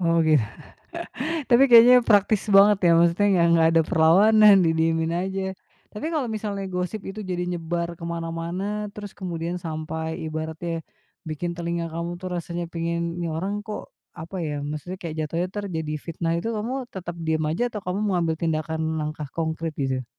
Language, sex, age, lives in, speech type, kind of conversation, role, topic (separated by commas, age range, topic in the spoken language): Indonesian, female, 35-39, Indonesia, conversation, podcast, host, Bagaimana cara menghadapi gosip yang merusak kepercayaan menurutmu?